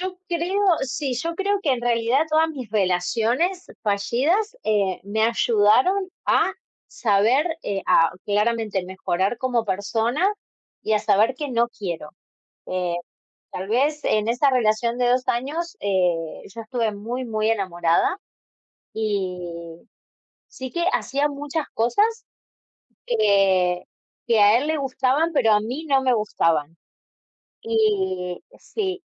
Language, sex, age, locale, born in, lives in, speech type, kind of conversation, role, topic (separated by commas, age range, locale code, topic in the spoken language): Spanish, female, 30-34, es-AR, Argentina, Netherlands, conversation, unstructured, none, ¿Cómo puedes mantener viva la chispa con el paso del tiempo?